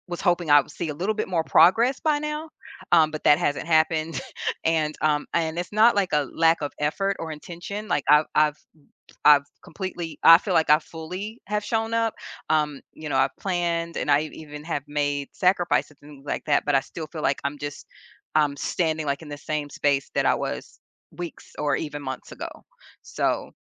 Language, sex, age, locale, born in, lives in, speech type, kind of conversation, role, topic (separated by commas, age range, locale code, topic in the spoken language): English, female, 45-49, en-US, United States, United States, conversation, advice, user, How can I overcome frustration and make consistent progress on my personal goals?